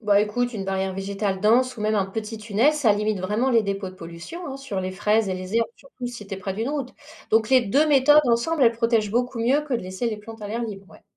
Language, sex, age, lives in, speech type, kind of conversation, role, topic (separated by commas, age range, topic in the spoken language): French, female, 40-44, France, read, expert-assistant, expert, Comment apprendre à reconnaître les signes de pollution dans son environnement quotidien ?